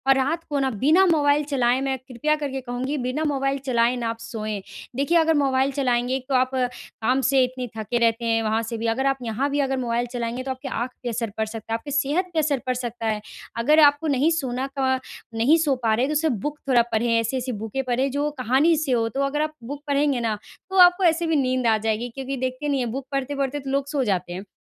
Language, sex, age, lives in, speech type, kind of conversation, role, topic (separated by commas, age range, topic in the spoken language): Hindi, female, 20-24, India, conversation, advice, advisor, प्राथमिकताएँ और समय सीमाएँ सेट करना